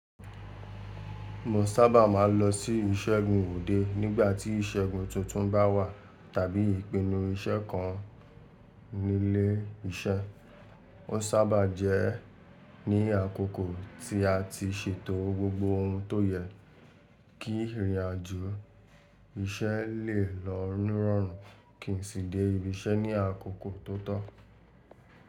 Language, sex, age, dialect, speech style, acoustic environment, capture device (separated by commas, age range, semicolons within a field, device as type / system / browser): Yoruba, male, 18-24, Nigeria - Lagos Yoruba, relaxed, traffic, mobile / Linux / Chrome